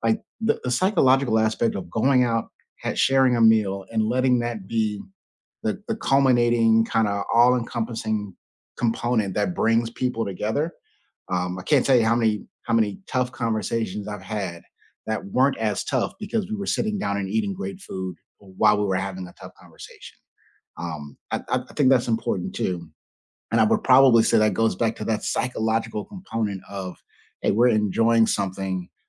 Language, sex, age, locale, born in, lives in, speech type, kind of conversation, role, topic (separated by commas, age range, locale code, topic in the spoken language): English, male, 35-39, en-US, United States, United States, conversation, unstructured, none, What role does food play in your family gatherings?